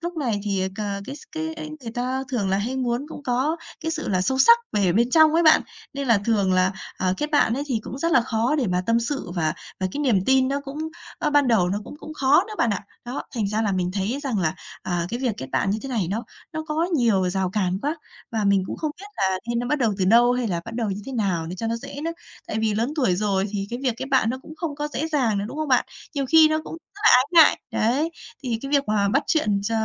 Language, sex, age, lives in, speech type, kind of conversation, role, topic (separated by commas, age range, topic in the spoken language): Vietnamese, female, 30-34, Vietnam, conversation, advice, user, Làm thế nào để kết bạn mới khi đã lớn tuổi?